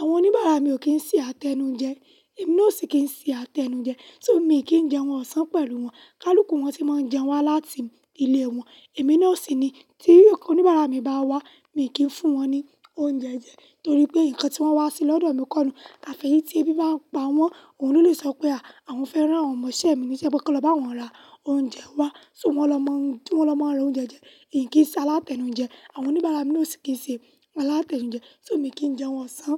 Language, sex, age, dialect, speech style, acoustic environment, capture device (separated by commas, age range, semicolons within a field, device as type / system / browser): Yoruba, female, 18-24, Nigeria - Lagos Yoruba, nervous, silence, mobile / Linux / Chrome